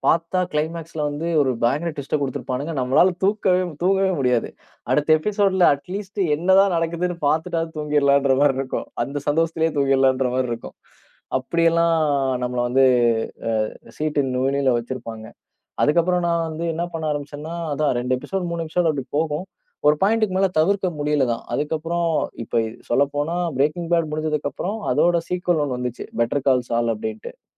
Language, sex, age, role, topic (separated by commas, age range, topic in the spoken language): Tamil, male, 30-34, guest, தொடர்ச்சியாக பல அத்தியாயங்களைப் பார்ப்பது நம்மை எப்படிப் பாதிக்கிறது?